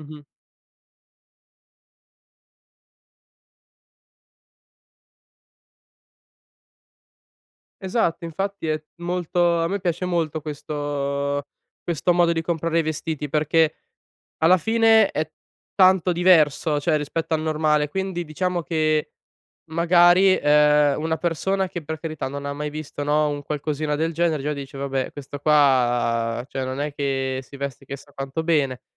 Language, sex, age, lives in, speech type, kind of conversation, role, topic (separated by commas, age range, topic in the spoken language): Italian, male, 20-24, Italy, conversation, podcast, guest, Dove cerchi ispirazione quando vuoi cambiare stile?